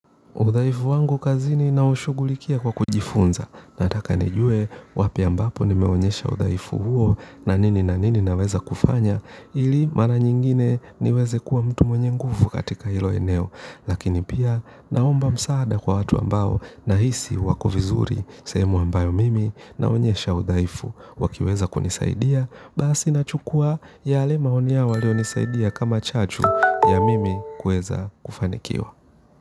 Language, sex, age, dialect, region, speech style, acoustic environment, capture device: Swahili, male, 25-34, Kenya - Nairobi Sheng-influenced, Kenya, relaxed; focused, nature, mobile / Linux / Chrome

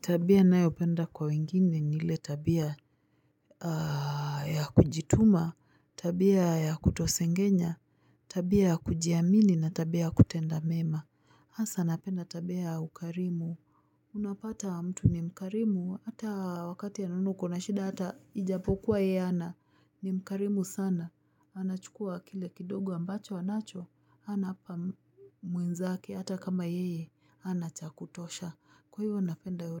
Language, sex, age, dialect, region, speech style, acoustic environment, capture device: Swahili, female, 25-34, Kenya - Nairobi Sheng-influenced, Kenya, happy, nature, mobile / Linux / Chrome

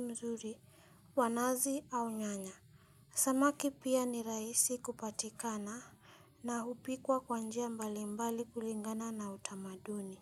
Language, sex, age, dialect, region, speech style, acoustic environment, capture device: Swahili, female, 25-34, Kenya - Nairobi Sheng-influenced, Kenya, happy, silence, mobile / Linux / Chrome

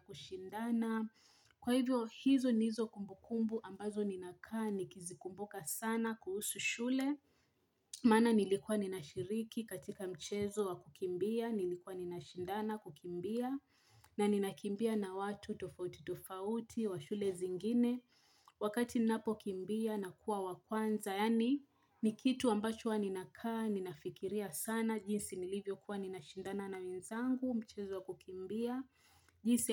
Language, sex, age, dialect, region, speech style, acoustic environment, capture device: Swahili, female, 25-34, Kenya - Mombasa, Kenya, happy, people talking, mobile / Linux / Chrome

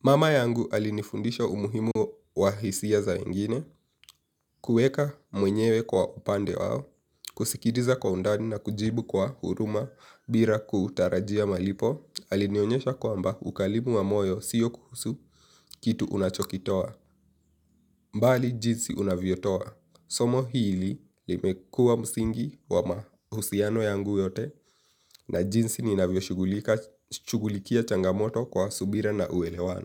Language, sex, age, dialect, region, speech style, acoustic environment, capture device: Swahili, male, 18-24, Kenya - Nairobi Sheng-influenced, Kenya, relaxed; happy; focused, nature; silence, mobile / Linux / Chrome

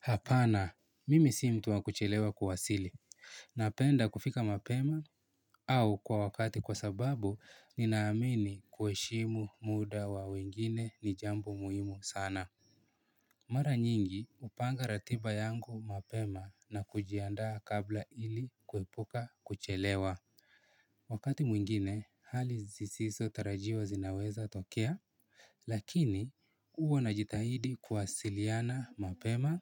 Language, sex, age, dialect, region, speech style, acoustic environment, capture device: Swahili, male, 18-24, Kenya - Nairobi Sheng-influenced, Kenya, happy, silence, mobile / Linux / Chrome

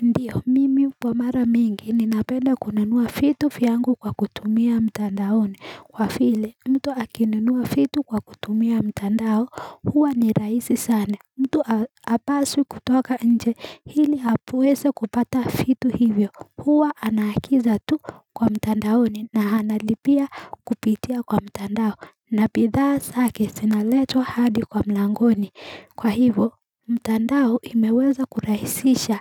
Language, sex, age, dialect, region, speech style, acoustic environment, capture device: Swahili, female, 18-24, Kenya - Nairobi Sheng-influenced, Kenya, happy; excited, nature, mobile / Linux / Chrome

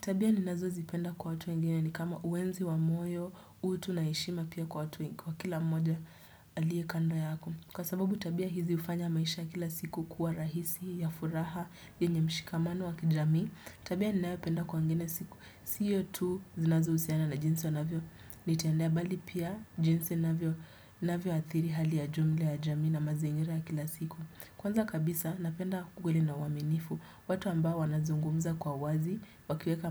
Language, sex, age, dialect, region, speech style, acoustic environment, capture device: Swahili, female, 18-24, Kenya - Nairobi Sheng-influenced, Kenya, relaxed; focused, people talking; music; appliances; construction, desktop / Windows / Chrome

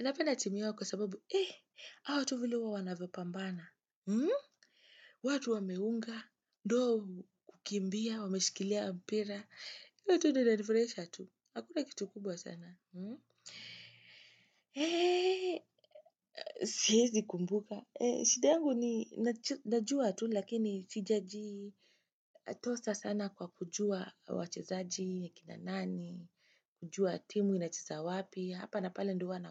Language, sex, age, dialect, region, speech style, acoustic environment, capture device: Swahili, female, 25-34, Kenya - Nairobi Sheng-influenced, Kenya, happy, nature, desktop / Windows / Chrome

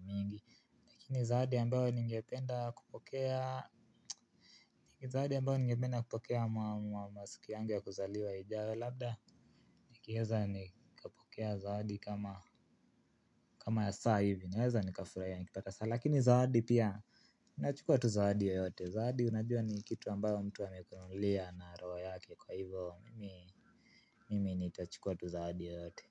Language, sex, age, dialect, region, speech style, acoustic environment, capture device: Swahili, male, 18-24, Kenya - Nairobi Sheng-influenced, Kenya, relaxed, appliances, mobile / Linux / Chrome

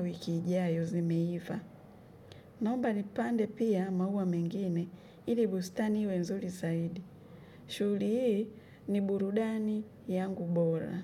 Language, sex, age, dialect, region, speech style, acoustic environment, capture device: Swahili, female, 25-34, Kenya - Nairobi Sheng-influenced, Kenya, relaxed, nature, mobile / Linux / Chrome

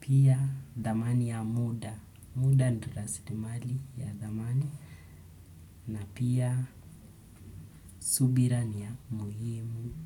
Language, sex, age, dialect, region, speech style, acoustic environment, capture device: Swahili, male, 25-34, Kenya - Nairobi Sheng-influenced, Kenya, focused, nature, desktop / Windows / Chrome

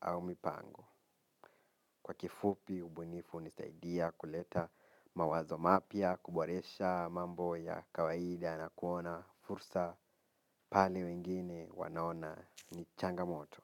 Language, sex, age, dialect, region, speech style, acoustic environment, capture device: Swahili, male, 18-24, Kenya - Nairobi Sheng-influenced, Kenya, focused; relaxed, silence, mobile / Linux / Chrome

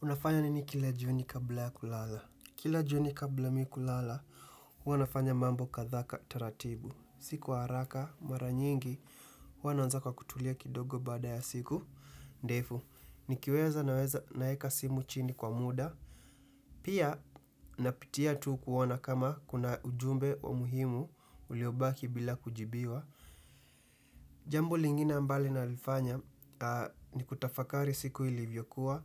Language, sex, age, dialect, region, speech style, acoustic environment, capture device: Swahili, male, 18-24, Kenya - Nairobi Sheng-influenced, Kenya, happy; excited; tired, silence, desktop / Windows / Chrome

